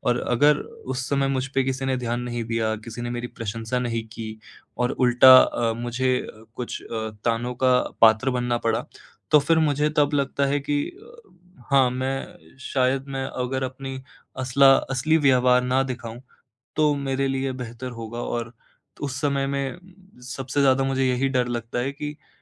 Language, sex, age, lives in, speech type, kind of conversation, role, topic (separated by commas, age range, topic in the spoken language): Hindi, male, 18-19, India, conversation, advice, user, दूसरों की राय के साथ मैं अपने स्टाइल और पसंद को कैसे संतुलित करूँ?